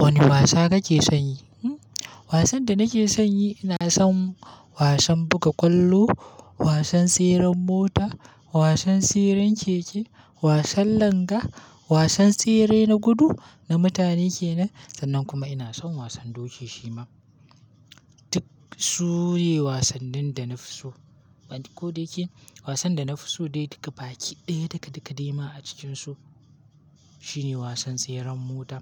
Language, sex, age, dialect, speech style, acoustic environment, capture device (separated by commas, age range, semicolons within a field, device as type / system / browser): Hausa, male, 18-24, Nigeria - Kano, happy, silence; nature, mobile / Linux / Chrome